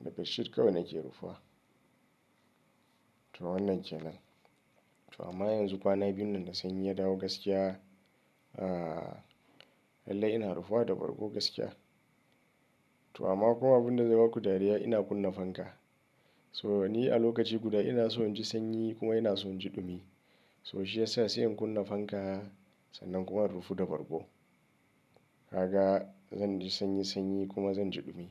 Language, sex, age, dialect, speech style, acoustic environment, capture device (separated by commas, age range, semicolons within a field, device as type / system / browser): Hausa, male, 35-44, Nigeria - Kano, nervous; excited; stressed; anxious, nature; silence; people talking, mobile / Linux / Chrome